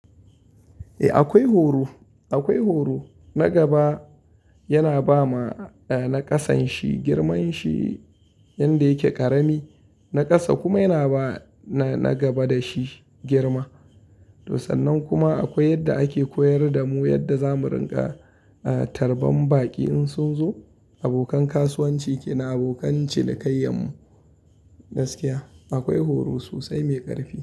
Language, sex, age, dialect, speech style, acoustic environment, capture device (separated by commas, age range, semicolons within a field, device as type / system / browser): Hausa, male, 25-34, Nigeria - Kano, happy; anxious; tired; relaxed; stressed; focused; excited; nervous, silence; nature; music; construction; appliances; traffic; people talking, mobile / Linux / Chrome